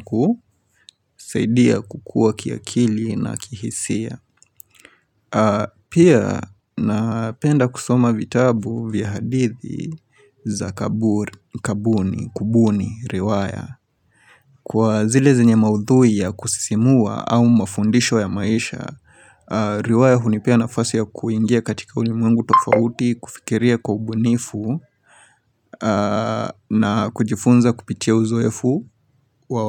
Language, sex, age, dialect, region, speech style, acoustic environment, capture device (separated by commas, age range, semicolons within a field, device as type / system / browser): Swahili, male, 25-34, Kenya - Nairobi Sheng-influenced, Kenya, focused, silence, mobile / Linux / Chrome